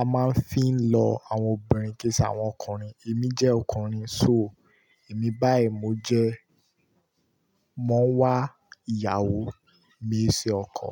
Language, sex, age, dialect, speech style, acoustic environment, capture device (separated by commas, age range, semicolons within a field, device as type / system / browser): Yoruba, male, 18-24, Nigeria - Kano, excited, nature, mobile / Linux / Chrome